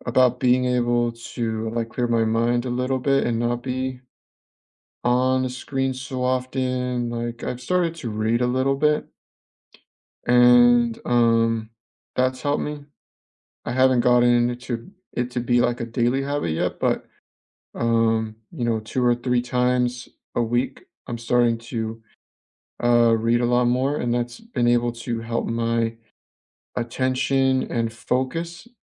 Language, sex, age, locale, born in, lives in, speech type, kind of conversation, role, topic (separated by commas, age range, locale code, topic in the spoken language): English, male, 25-29, en-US, United States, United States, conversation, unstructured, none, How do you unwind after a long day?